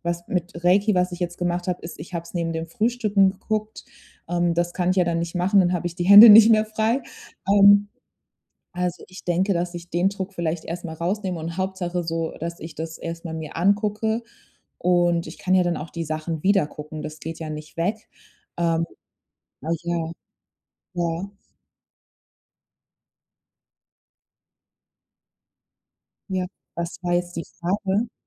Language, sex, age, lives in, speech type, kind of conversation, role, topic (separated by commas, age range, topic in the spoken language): German, female, 30-34, Germany, conversation, advice, user, Wie überwinde ich das Aufschieben und fange sofort ohne Ausreden an?